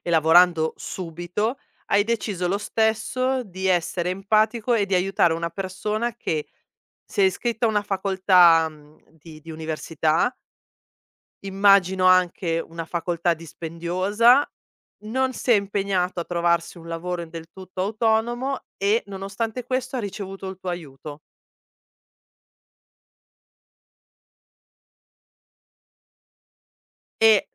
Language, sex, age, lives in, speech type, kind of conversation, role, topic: Italian, female, 40-44, Italy, conversation, podcast, host, Quali piccoli gesti aiutano a sentirsi più connessi agli altri?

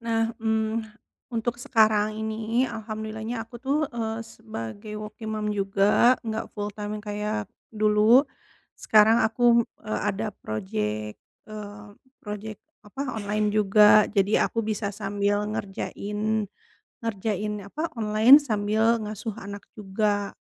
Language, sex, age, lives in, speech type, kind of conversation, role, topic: Indonesian, female, 35-39, Indonesia, conversation, advice, user, Bagaimana cara mengelola emosi saat rutinitas sehari-hari terasa berat?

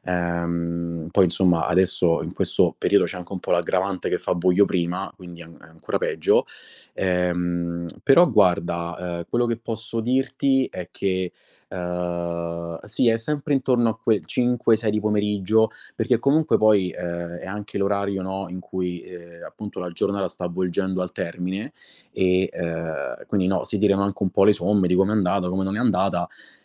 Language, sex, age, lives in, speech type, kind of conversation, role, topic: Italian, male, 25-29, Italy, conversation, advice, user, Come posso ritagliarmi del tempo per hobby e svago?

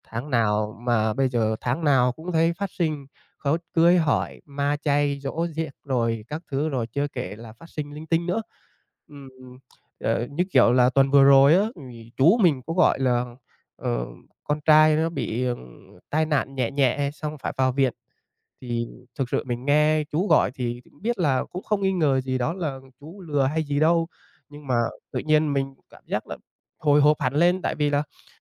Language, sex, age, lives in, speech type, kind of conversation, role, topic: Vietnamese, male, 25-29, Vietnam, conversation, advice, user, Tôi nên làm gì khi người thân kỳ vọng tôi hỗ trợ tài chính dù tôi đang gặp khó khăn?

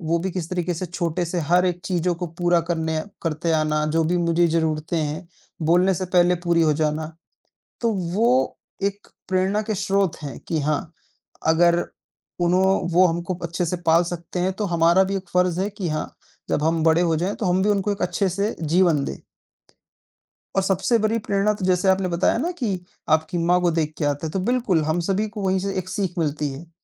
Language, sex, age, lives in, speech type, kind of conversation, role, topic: Hindi, male, 20-24, India, conversation, unstructured, none, आपको अपने काम में सबसे ज़्यादा क्या पसंद है?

